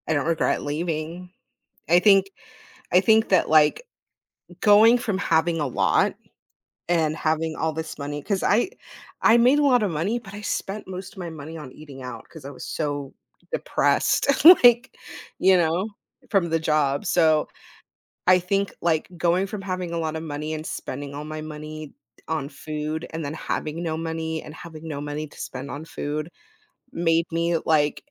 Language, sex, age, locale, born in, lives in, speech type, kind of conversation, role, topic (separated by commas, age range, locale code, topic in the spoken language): English, female, 30-34, en-US, United States, United States, conversation, podcast, guest, What motivates people to speak up for their values, even when it’s difficult?